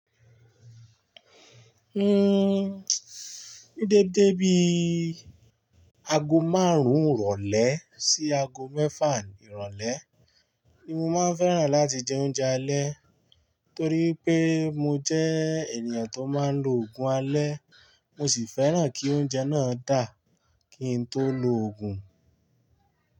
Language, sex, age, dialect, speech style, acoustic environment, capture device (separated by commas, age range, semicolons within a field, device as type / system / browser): Yoruba, male, 25-34, Nigeria - Ibadan, relaxed, silence, mobile / Linux / Chrome